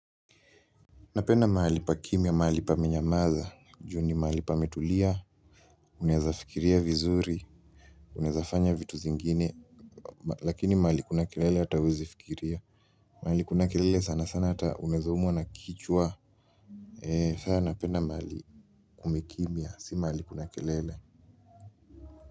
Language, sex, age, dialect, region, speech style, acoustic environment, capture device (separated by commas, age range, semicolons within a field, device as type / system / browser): Swahili, male, 18-24, Kenya - Nairobi Sheng-influenced, Kenya, happy; relaxed; focused, silence, mobile / Linux / Chrome